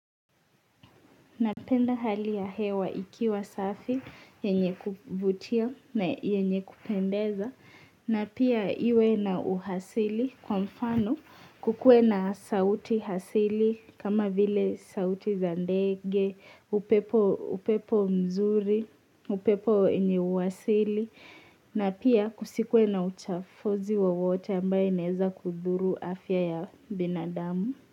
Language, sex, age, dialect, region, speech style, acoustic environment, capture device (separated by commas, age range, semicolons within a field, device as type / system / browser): Swahili, female, 18-24, Kenya - Mombasa, Kenya, focused, silence, mobile / Linux / Chrome